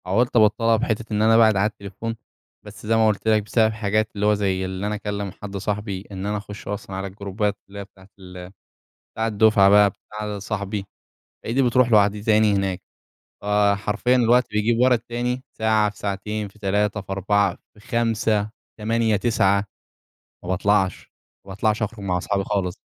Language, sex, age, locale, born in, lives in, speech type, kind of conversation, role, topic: Arabic, male, 20-24, ar-EG, Egypt, Egypt, conversation, podcast, guest, إزاي السوشيال ميديا بتأثر على أسلوبك؟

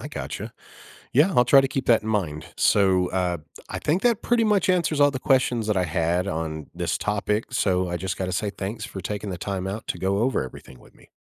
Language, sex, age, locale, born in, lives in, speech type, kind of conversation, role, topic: English, male, 35-39, en-US, United States, United States, read, expert-assistant, asker, How does music influence concentration during tasks?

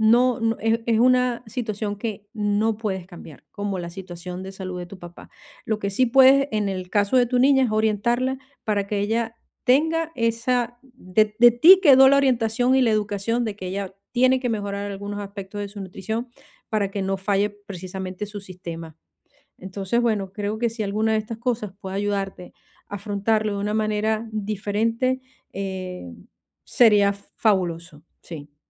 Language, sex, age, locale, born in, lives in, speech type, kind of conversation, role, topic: Spanish, female, 50-54, es-VE, Venezuela, Portugal, conversation, advice, advisor, ¿Cómo puedo diferenciar lo que puedo controlar de lo que no puedo controlar?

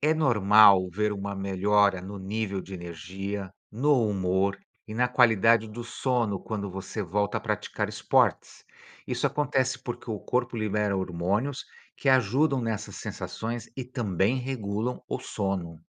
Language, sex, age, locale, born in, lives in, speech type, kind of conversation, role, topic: Portuguese, male, 55-59, pt-BR, Brazil, United States, read, expert-assistant, expert, De que forma a prática de esportes pode prevenir doenças?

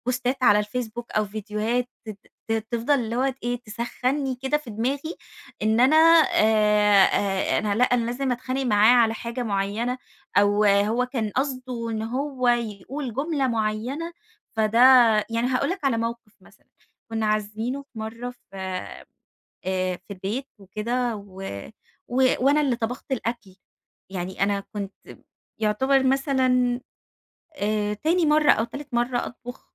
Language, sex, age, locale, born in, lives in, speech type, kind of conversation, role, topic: Arabic, female, 30-34, ar-EG, Egypt, Egypt, conversation, advice, user, إزاي سوء فهم الكلام بيني وبين شريكي بيخلّينا بنتخانق على طول؟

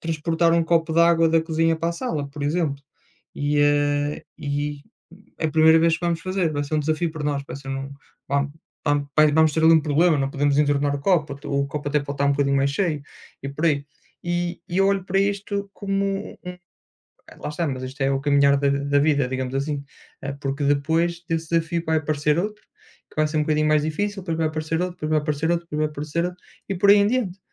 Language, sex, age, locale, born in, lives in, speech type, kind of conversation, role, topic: Portuguese, male, 30-34, pt-PT, Portugal, Portugal, conversation, unstructured, none, O que você aprendeu com os seus maiores desafios?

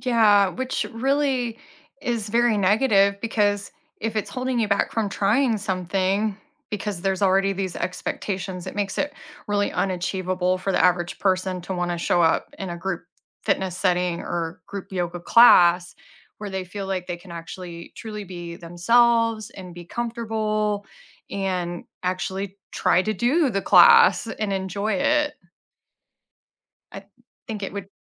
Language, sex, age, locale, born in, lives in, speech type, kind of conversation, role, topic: English, female, 40-44, en-US, United States, United States, conversation, unstructured, none, What frustrates you about unrealistic body standards in sports?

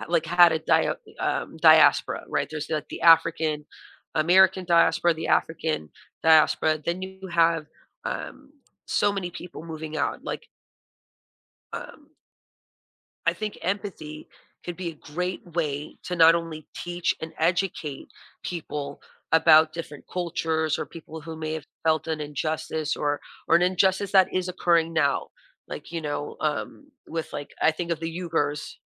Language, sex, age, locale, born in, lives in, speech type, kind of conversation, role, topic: English, female, 40-44, en-US, United States, United States, conversation, podcast, guest, How does storytelling shape our understanding and emotional connection to movies and books?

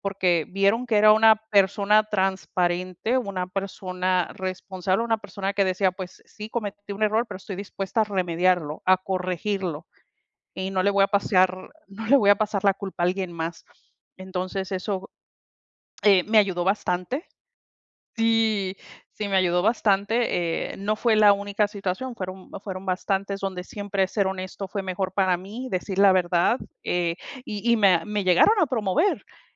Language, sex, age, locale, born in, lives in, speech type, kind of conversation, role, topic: Spanish, female, 45-49, es-MX, United States, United States, conversation, unstructured, none, ¿Qué significa para ti ser una persona honesta?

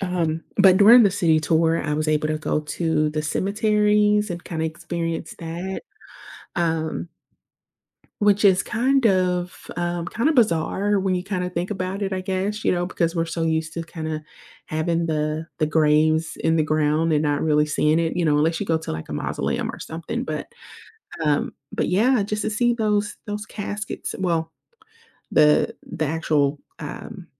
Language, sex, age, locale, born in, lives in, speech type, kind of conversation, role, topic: English, female, 30-34, en-US, United States, United States, conversation, advice, user, How can I recapture that carefree vacation feeling?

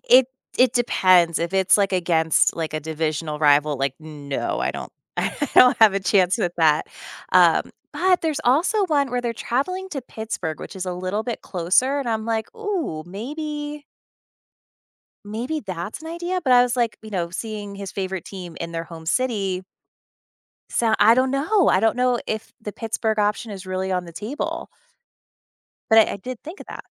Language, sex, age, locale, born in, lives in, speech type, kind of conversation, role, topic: English, female, 35-39, en-US, United States, United States, conversation, advice, user, How can I plan a thoughtful surprise for my partner?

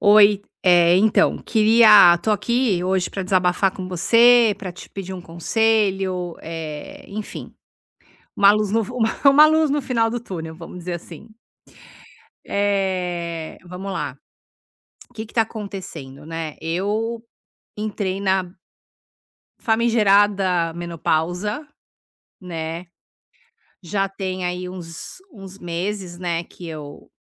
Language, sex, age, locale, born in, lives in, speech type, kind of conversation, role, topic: Portuguese, female, 50-54, pt-BR, Brazil, United States, conversation, advice, user, Como posso me adaptar às mudanças no meu corpo sem me sentir perdido?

